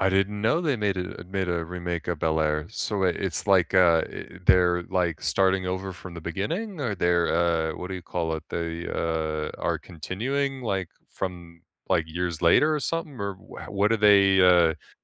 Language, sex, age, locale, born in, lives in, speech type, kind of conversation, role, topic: English, male, 25-29, en-US, United States, United States, conversation, unstructured, none, What comfort TV shows do you rewatch when you need a pick-me-up?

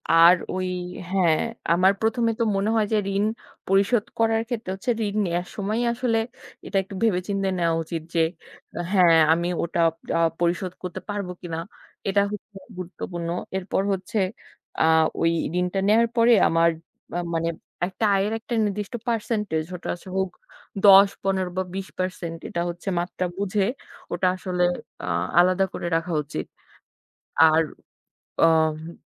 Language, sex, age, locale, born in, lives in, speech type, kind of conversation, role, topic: Bengali, female, 25-29, bn-BD, Bangladesh, Bangladesh, conversation, unstructured, none, আপনি কীভাবে আপনার ঋণ পরিশোধের কৌশল তৈরি করেন?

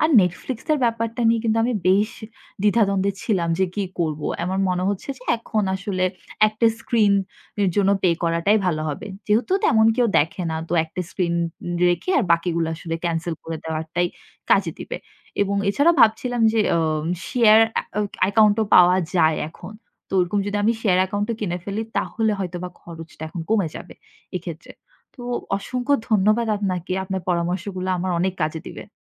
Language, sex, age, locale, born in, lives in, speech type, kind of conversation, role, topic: Bengali, female, 25-29, bn-BD, Bangladesh, Bangladesh, conversation, advice, user, সাবস্ক্রিপশন আর বারবার হওয়া ছোট খরচ কীভাবে আপনার মাসিক বাজেট ভেঙে দিচ্ছে?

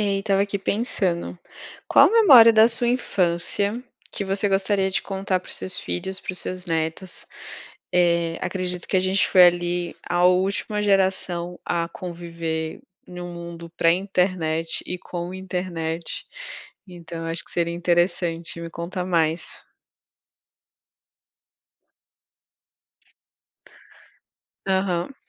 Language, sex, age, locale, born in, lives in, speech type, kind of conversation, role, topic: Portuguese, female, 30-34, pt-BR, Brazil, France, conversation, unstructured, none, Qual memória da sua infância você gostaria de contar às próximas gerações?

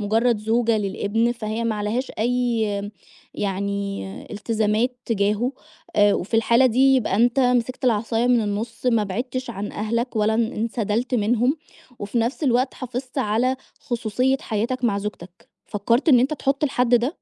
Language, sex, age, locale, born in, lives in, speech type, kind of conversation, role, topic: Arabic, female, 30-34, ar-EG, Egypt, Egypt, conversation, advice, advisor, إزاي أتعامل مع قلقي من إن شريكي يرفضني وأنا بحاول أصلّح علاقتنا؟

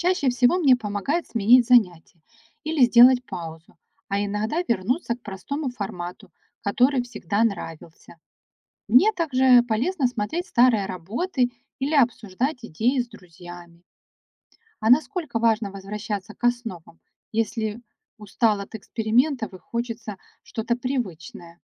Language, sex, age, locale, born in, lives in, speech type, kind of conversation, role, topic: Russian, female, 45-49, ru-RU, Ukraine, Spain, read, expert-assistant, asker, Что значит «творческое хобби» и чем оно отличается от других видов увлечений?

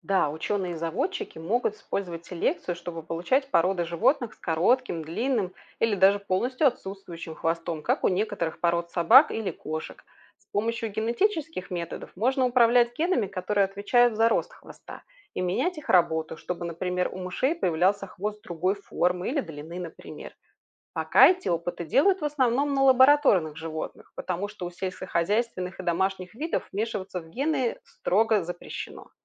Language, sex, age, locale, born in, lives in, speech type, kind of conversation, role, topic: Russian, female, 40-44, ru-RU, Belarus, Spain, read, expert-assistant, expert, Почему у некоторых животных есть хвост?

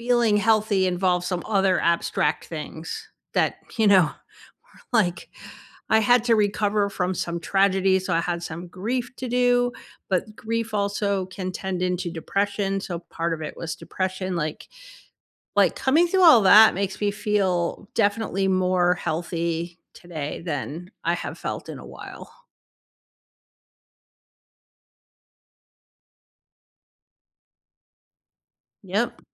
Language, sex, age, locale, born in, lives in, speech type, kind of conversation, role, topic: English, female, 60-64, en-US, United States, United States, conversation, unstructured, none, What is an everyday mystery you still haven't figured out?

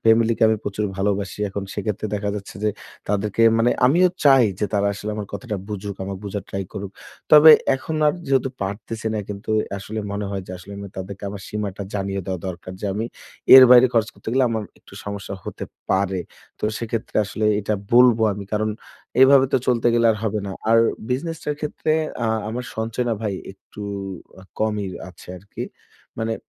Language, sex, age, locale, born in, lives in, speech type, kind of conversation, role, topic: Bengali, male, 25-29, bn-BD, Bangladesh, Bangladesh, conversation, advice, user, আত্মবিশ্বাস বাড়িয়ে ব্যক্তিগত সীমা কীভাবে স্থাপন করব?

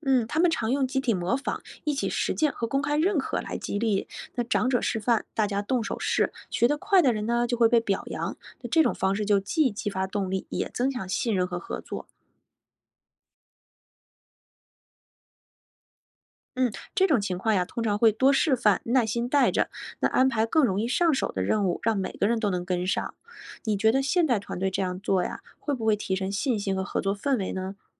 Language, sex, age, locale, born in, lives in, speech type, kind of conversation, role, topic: Chinese, female, 35-39, zh-CN, China, United States, read, expert-assistant, expert, 人类祖先是怎么面对生活挑战的？我们能从他们身上学到什么？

